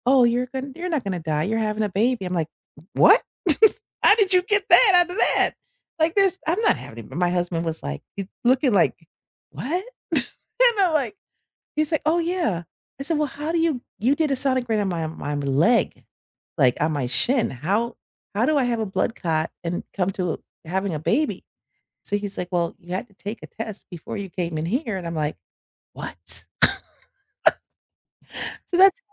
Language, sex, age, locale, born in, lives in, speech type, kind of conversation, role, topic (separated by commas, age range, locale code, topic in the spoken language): English, female, 50-54, en-US, United States, United States, conversation, unstructured, none, What is one tech feature you wish every device had?